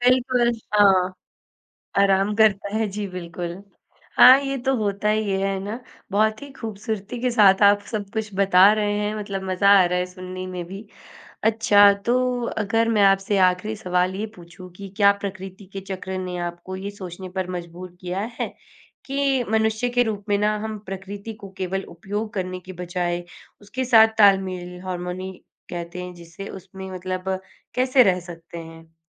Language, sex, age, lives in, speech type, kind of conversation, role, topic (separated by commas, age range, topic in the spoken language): Hindi, female, 20-24, India, conversation, podcast, host, प्रकृति के चक्रों ने आपकी सोच को कैसे बदला है?